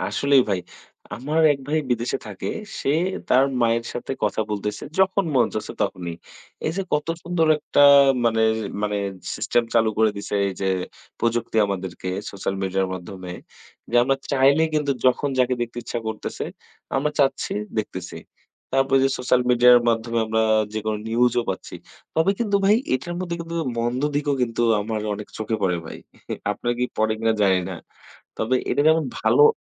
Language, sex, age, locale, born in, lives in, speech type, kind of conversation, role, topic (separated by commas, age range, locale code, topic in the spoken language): Bengali, male, 20-24, bn-BD, Bangladesh, Bangladesh, conversation, unstructured, none, আপনি কি মনে করেন সামাজিক যোগাযোগমাধ্যম আমাদের যোগাযোগের ধরন বদলে দিয়েছে?